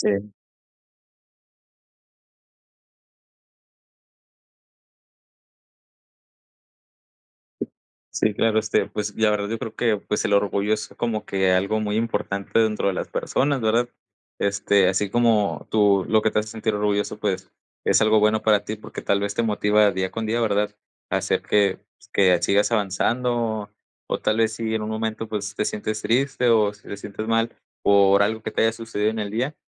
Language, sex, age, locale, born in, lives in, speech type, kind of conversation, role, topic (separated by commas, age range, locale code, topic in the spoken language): Spanish, male, 20-24, es-MX, Mexico, Mexico, conversation, unstructured, none, ¿Qué te hace sentir orgulloso/a de ti mismo/a?